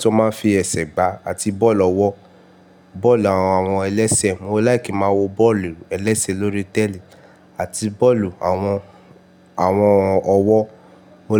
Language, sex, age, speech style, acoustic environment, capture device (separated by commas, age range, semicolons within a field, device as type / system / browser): Yoruba, male, 18-24, happy, silence, mobile / Linux / Chrome